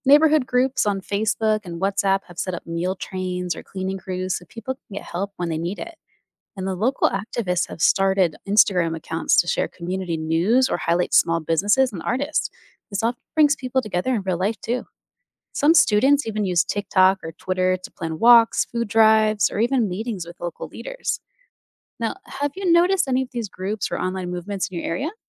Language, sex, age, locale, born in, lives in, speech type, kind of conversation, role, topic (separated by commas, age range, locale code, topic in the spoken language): English, female, 30-34, en-US, United States, United States, read, expert-assistant, expert, How can social media be used for positive change?